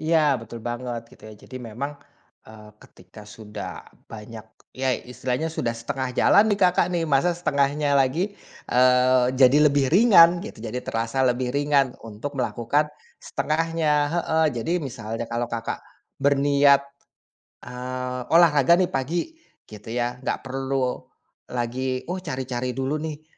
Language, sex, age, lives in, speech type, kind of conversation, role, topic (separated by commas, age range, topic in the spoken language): Indonesian, male, 30-34, Indonesia, conversation, advice, advisor, Bagaimana cara menyusun rutinitas pagi yang lebih produktif jika saya bingung harus mulai dari mana?